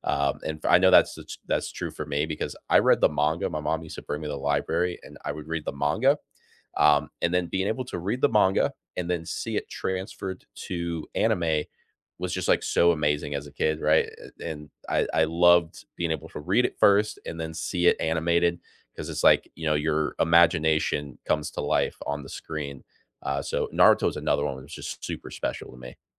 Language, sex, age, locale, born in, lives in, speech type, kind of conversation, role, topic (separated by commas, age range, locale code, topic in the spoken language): English, male, 25-29, en-US, United States, United States, conversation, unstructured, none, Which nostalgic cartoons or sitcoms still make you laugh?